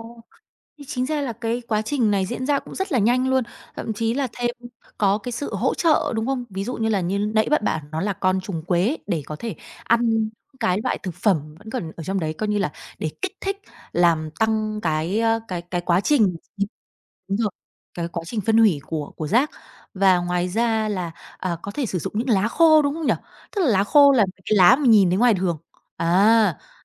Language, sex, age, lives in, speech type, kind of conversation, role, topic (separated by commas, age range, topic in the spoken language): Vietnamese, female, 30-34, Vietnam, conversation, podcast, host, Làm thế nào để ủ phân compost đơn giản tại nhà?